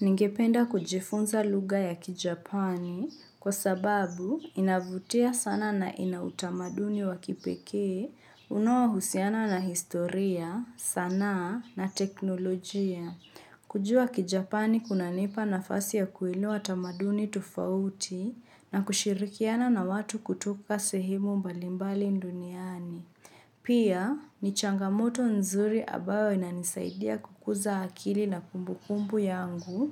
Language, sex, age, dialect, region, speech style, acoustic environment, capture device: Swahili, female, 25-34, Kenya - Mombasa, Kenya, focused; relaxed, construction; appliances; nature; silence, mobile / Linux / Chrome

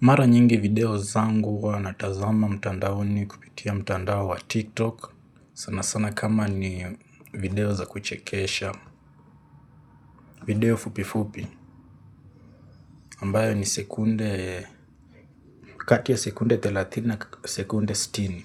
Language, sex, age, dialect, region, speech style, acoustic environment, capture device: Swahili, male, 18-24, Kenya - Nairobi Sheng-influenced, Kenya, focused, silence, mobile / Linux / Chrome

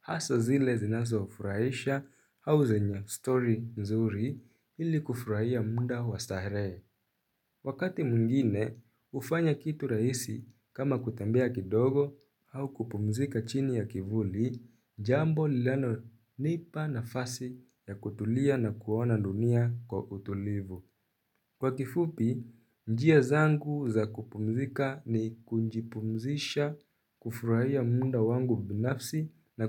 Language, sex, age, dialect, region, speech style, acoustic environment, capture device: Swahili, male, 18-24, Kenya - Nairobi Sheng-influenced, Kenya, relaxed, silence, mobile / Linux / Chrome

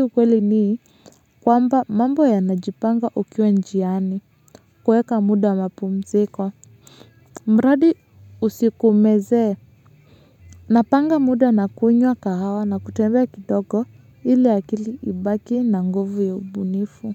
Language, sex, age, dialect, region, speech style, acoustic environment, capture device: Swahili, female, 25-34, Kenya - Nairobi Sheng-influenced, Kenya, relaxed, nature, mobile / Linux / Chrome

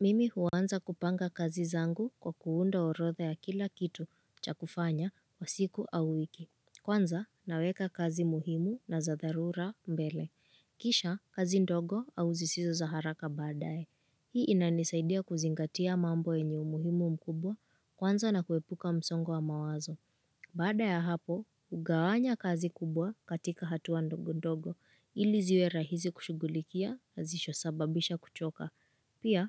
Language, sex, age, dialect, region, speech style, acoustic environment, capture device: Swahili, female, 25-34, Kenya - Nairobi Sheng-influenced, Kenya, focused, silence, mobile / Linux / Chrome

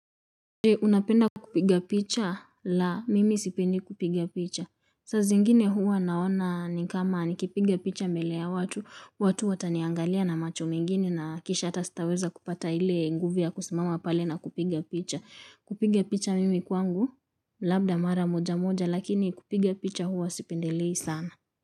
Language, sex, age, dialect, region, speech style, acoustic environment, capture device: Swahili, female, 18-24, Kenya - Nairobi Sheng-influenced, Kenya, relaxed, silence, mobile / Linux / Chrome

